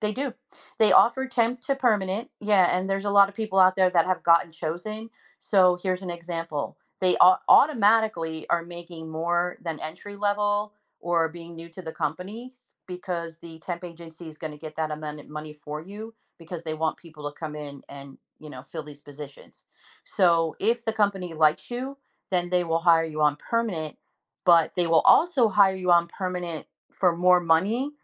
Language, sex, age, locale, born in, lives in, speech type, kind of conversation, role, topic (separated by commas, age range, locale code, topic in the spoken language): English, female, 55-59, en-US, United States, United States, conversation, advice, advisor, How do I recover and find new work after losing my job unexpectedly?